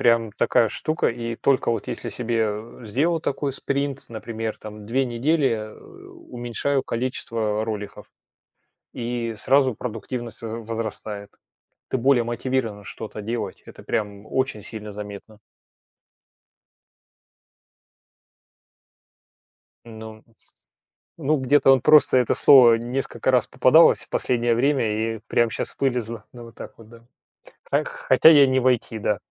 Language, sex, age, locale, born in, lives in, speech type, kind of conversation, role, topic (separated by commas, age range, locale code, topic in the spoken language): Russian, male, 45-49, ru-RU, Russia, Germany, conversation, unstructured, none, Как ты обычно начинаешь свой рабочий день?